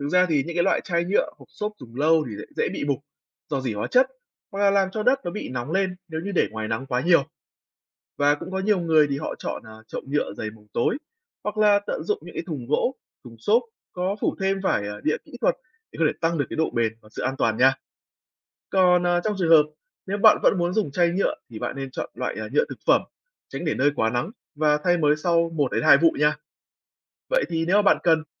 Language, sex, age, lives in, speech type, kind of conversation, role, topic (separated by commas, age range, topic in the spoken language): Vietnamese, male, 20-24, Vietnam, read, expert-assistant, expert, Làm sao trồng rau sạch trên ban công an toàn và tiết kiệm?